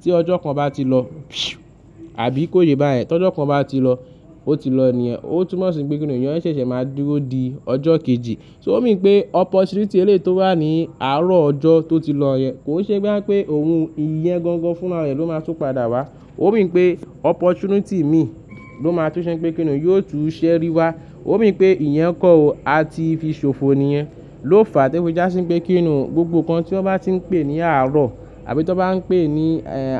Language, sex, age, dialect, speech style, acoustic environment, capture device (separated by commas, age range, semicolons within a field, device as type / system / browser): Yoruba, male, 25-34, Nigeria - Lagos Yoruba, happy; nervous, nature, mobile / Linux / Chrome